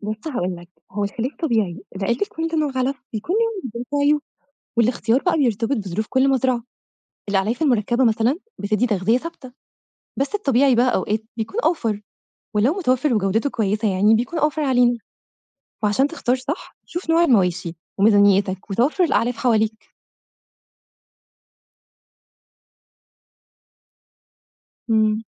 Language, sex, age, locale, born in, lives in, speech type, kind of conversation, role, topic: Arabic, female, 25-29, ar-EG, Egypt, Egypt, read, expert-assistant, expert, ليه فيه اختلاف كبير في نصايح إدارة مزارع المواشي بين الخبراء، وخصوصًا في موضوع استخدام الأعلاف المركبة مقابل الأعلاف الطبيعية؟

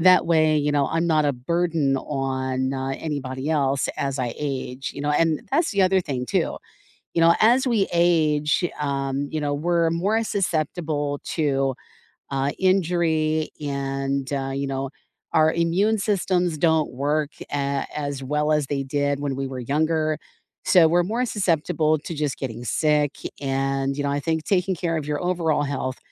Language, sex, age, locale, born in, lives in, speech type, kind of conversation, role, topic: English, female, 45-49, en-US, United States, United States, conversation, unstructured, none, How do you stay motivated to exercise regularly?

